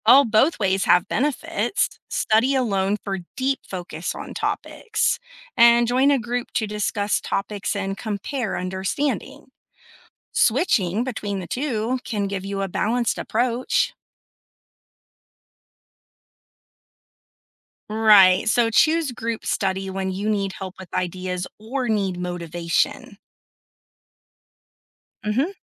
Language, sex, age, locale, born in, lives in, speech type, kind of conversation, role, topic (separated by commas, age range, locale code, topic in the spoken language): English, female, 35-39, en-US, United States, United States, read, expert-assistant, expert, How can I develop better study habits for school?